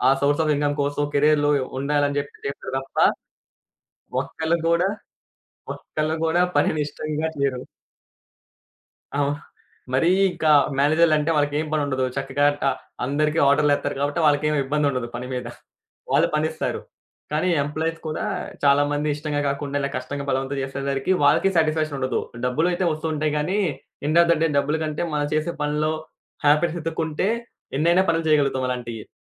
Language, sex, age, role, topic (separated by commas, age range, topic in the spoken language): Telugu, male, 20-24, guest, మీరు ఏ పని చేస్తూ ఉంటే మీకు నిజంగా ఆనందం కలుగుతుంది?